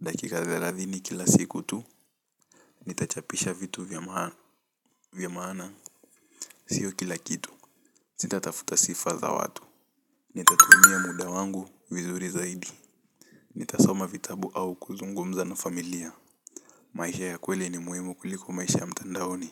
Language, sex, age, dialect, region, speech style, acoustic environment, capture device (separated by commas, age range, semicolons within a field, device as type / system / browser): Swahili, male, 18-24, Kenya - Mombasa, Kenya, happy, silence, mobile / Linux / Chrome